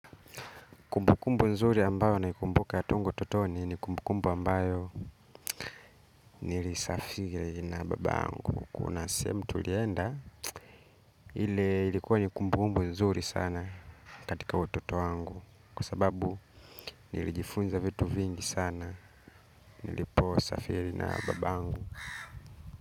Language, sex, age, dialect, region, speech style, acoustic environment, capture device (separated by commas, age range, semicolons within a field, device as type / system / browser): Swahili, male, 25-34, Kenya - Nairobi Sheng-influenced, Kenya, happy, nature, mobile / Linux / Chrome